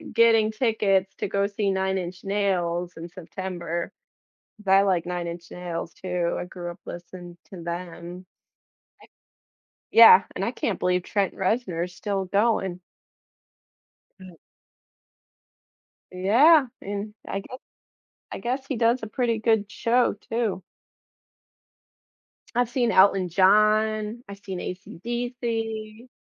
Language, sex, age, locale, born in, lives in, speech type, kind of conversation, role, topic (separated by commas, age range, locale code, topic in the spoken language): English, female, 35-39, en-US, United States, United States, conversation, podcast, guest, In what ways can music shape who we are and how we experience life?